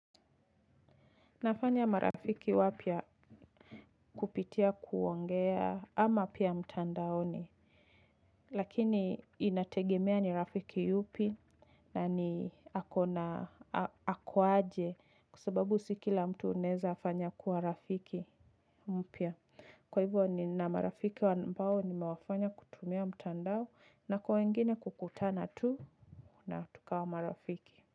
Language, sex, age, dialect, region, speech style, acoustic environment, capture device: Swahili, female, 25-34, Kenya - Nairobi Sheng-influenced, Kenya, relaxed, music; people talking, mobile / Linux / Chrome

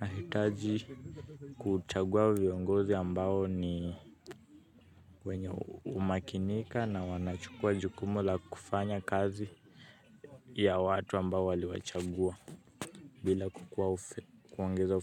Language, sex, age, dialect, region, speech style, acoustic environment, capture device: Swahili, male, 18-24, Kenya - Nairobi Sheng-influenced, Kenya, happy, music, mobile / Linux / Chrome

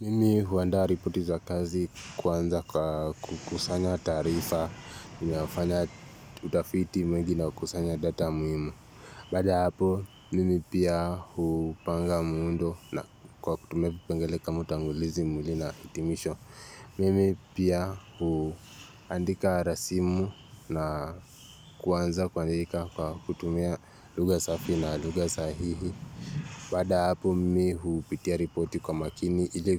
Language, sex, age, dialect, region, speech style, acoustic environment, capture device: Swahili, male, 18-24, Kenya - Nairobi Sheng-influenced, Kenya, relaxed; focused, nature; silence, mobile / Linux / Chrome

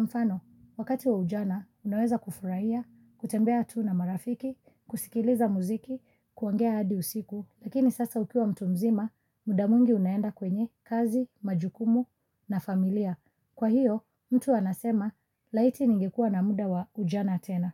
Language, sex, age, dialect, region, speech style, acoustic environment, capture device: Swahili, female, 25-34, Kenya - Mombasa, Kenya, focused, nature; silence, mobile / Linux / Chrome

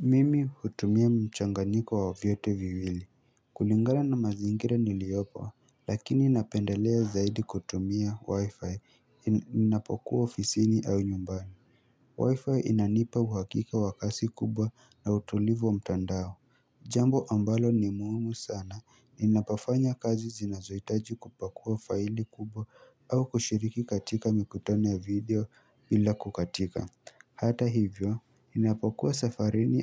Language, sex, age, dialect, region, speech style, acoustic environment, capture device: Swahili, male, 18-24, Kenya - Nairobi Sheng-influenced, Kenya, happy, silence, mobile / Linux / Chrome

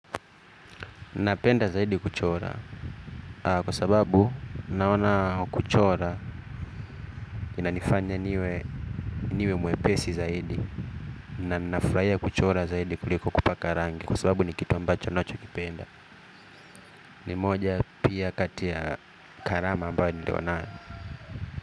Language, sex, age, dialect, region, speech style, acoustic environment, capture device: Swahili, male, 25-34, Kenya - Nairobi Sheng-influenced, Kenya, anxious; happy, nature, mobile / Linux / Chrome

